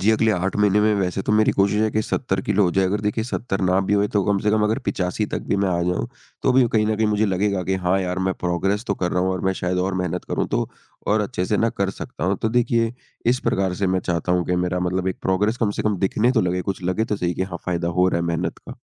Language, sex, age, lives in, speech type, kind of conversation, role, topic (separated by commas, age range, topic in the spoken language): Hindi, male, 55-59, India, conversation, advice, user, व्यायाम के लिए जो लक्ष्य मैंने तय किया है, क्या वह वाकई यथार्थवादी है?